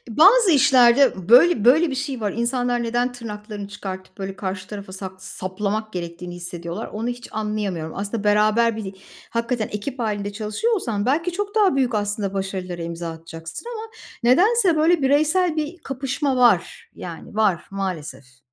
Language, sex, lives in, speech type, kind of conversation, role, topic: Turkish, female, Poland, conversation, unstructured, none, İş hayatında en çok hangi zorluklarla karşılaştınız?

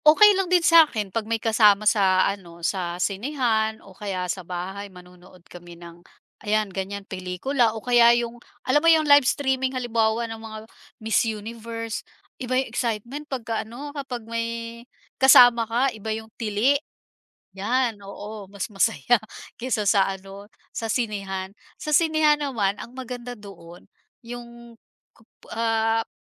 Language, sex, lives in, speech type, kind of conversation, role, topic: Filipino, female, Philippines, conversation, podcast, guest, Paano nakaapekto ang mga serbisyong panonood sa internet sa panonood natin ng mga pelikula at serye?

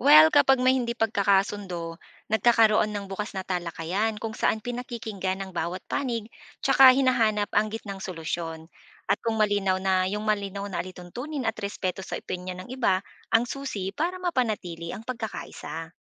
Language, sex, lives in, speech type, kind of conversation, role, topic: Filipino, female, Philippines, read, expert-assistant, expert, Paano makakatulong ang mga komunidad sa pagpapanumbalik ng mga nawasak na bakawan at seagrass bed?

